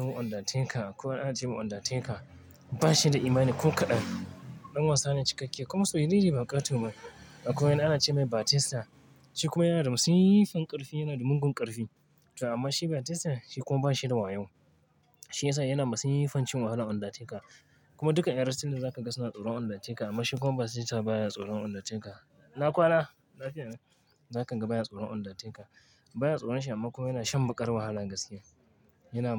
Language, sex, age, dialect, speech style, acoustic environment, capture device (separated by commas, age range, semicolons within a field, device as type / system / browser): Hausa, male, 25-34, Nigeria - Kano, focused, traffic, mobile / Linux / Chrome